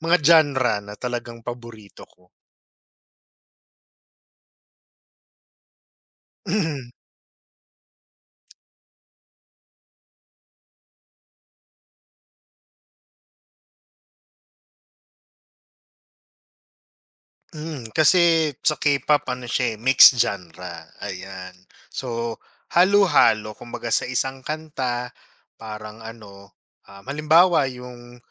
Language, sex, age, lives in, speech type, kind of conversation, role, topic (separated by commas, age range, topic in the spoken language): Filipino, male, 35-39, Philippines, conversation, podcast, guest, Ano ang paborito mong uri ng kuwento o libangan, at bakit?